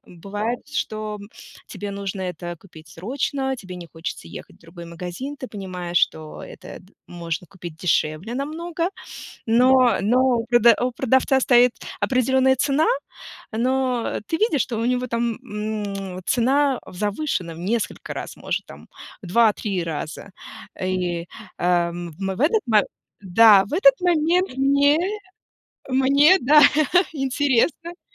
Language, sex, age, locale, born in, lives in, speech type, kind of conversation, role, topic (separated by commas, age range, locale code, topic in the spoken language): Russian, female, 35-39, ru-RU, Russia, United States, conversation, unstructured, none, Почему важно уметь вести переговоры о цене?